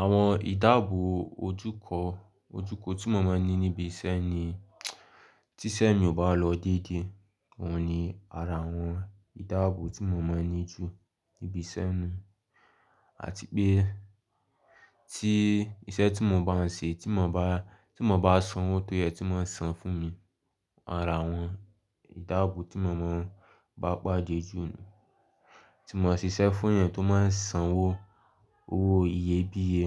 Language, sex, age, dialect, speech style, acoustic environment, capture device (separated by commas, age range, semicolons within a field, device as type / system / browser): Yoruba, male, 18-24, Nigeria - Lagos, focused, silence, mobile / Linux / Chrome